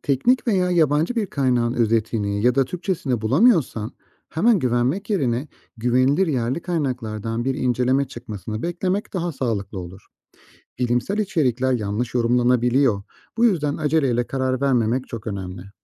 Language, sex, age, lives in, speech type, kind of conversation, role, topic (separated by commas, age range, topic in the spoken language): Turkish, male, 35-39, Austria, read, expert-assistant, expert, Gıdalarla ilgili çıkan haberlerin güvenilir olup olmadığını nasıl anlarız?